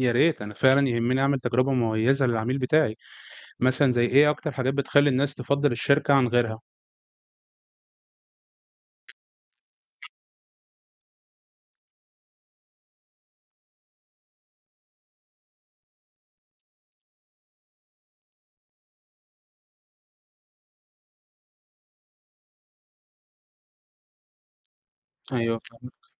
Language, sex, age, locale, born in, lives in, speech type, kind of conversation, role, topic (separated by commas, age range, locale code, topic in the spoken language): Arabic, male, 20-24, ar-EG, Egypt, Egypt, read, expert-assistant, asker, مين أنسب أكتر للشركات اللي لسه بتبدأ: استراتيجية التركيز على سوق واحد ولا التوسع في كذا سوق في نفس الوقت؟